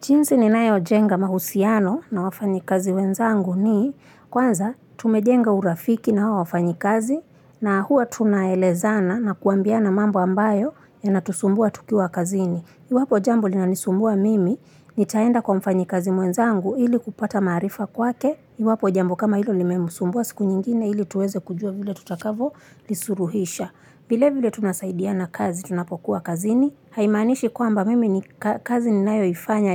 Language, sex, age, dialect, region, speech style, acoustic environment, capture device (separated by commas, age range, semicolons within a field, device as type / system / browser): Swahili, female, 25-34, Kenya - Mombasa, Kenya, focused, nature, mobile / Linux / Chrome